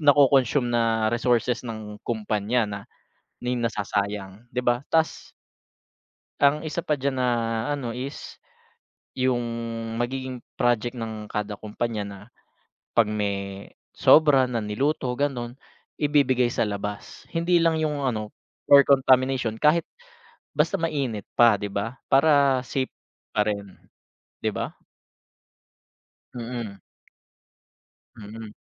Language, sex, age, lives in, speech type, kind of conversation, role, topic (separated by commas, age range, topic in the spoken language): Filipino, male, 30-34, Philippines, conversation, unstructured, none, Bakit nakakainis kapag may nagtatapon ng pagkain sa kainan?